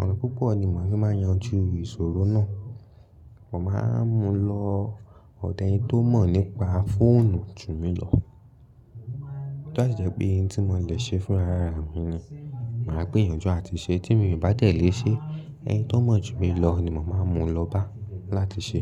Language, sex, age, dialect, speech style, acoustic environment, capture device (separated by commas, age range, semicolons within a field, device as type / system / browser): Yoruba, male, 18-24, Nigeria - Lagos Yoruba, focused, people talking, mobile / Linux / Chrome